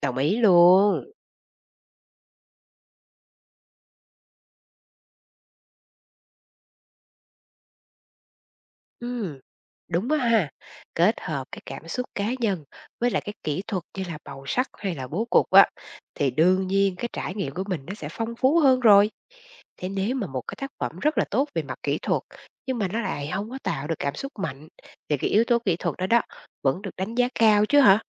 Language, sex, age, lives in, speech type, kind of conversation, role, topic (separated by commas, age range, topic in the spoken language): Vietnamese, female, 40-44, Vietnam, read, expert-assistant, asker, Tranh cãi và đa dạng trong nghệ thuật có làm người xem khó tiếp cận hơn không?